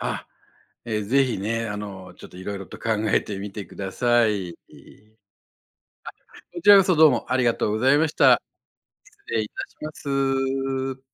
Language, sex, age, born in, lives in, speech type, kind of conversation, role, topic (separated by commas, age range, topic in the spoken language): Japanese, male, 60-64, Japan, Japan, conversation, advice, advisor, 夫婦の金銭に関する意見の不一致